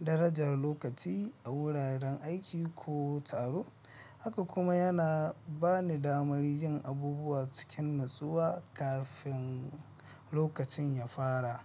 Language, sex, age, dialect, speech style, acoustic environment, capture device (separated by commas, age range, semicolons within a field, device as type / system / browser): Hausa, male, 25-34, Nigeria - Nigerian Standard, happy, traffic, mobile / Linux / Chrome